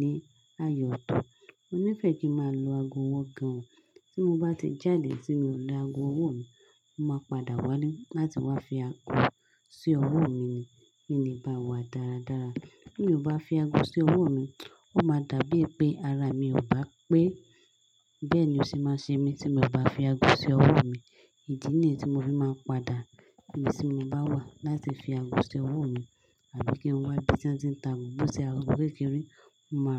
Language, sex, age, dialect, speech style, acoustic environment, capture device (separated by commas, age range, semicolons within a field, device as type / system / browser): Yoruba, female, 25-34, Nigeria - Lagos Yoruba, happy; focused, silence, desktop / Linux / Chrome